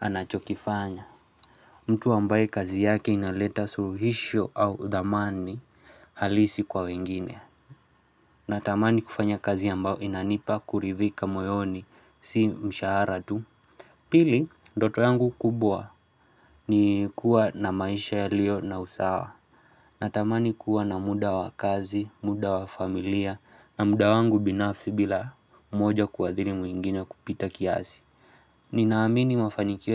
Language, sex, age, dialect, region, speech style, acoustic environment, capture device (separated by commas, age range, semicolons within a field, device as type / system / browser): Swahili, male, 25-34, Kenya - Nairobi Sheng-influenced, Kenya, focused, silence, mobile / Linux / Chrome